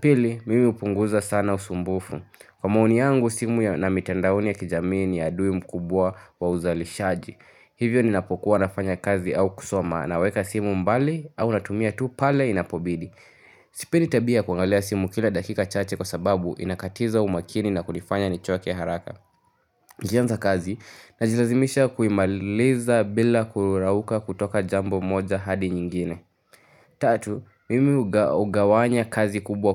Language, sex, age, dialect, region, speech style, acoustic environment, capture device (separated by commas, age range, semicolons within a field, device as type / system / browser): Swahili, male, 18-24, Kenya - Nairobi Sheng-influenced, Kenya, relaxed, nature, mobile / Linux / Chrome